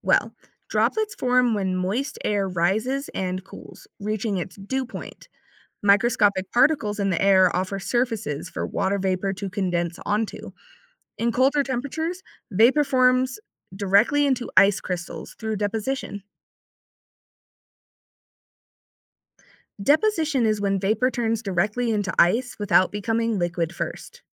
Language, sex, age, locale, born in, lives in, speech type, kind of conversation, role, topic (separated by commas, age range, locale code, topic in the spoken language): English, female, 20-24, en-US, United States, United States, read, expert-assistant, expert, How do clouds stay in the sky?